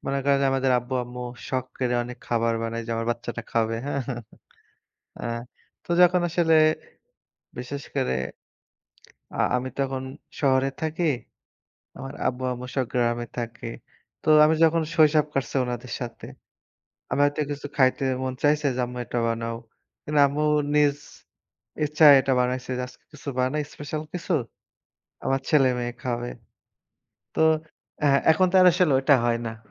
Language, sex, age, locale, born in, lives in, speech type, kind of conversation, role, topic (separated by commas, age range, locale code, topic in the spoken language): Bengali, male, 25-29, bn-BD, Bangladesh, Bangladesh, conversation, unstructured, none, কোন খাবারটি আপনাকে সবসময় কোনো বিশেষ স্মৃতির কথা মনে করিয়ে দেয়?